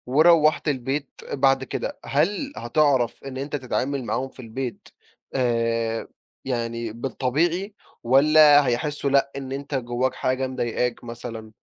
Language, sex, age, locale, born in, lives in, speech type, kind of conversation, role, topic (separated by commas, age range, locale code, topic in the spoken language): Arabic, male, 20-24, ar-EG, Egypt, Egypt, conversation, podcast, host, إزاي بتحافظ على صحتك النفسية لما الظروف تبقى صعبة؟